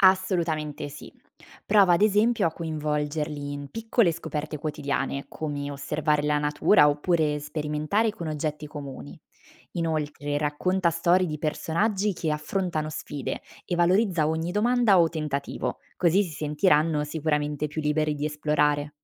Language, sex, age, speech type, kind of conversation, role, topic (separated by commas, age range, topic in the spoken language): Italian, female, 25-29, read, expert-assistant, expert, Quali strategie funzionano meglio per aiutare i bambini a crescere curiosi e sicuri di sé?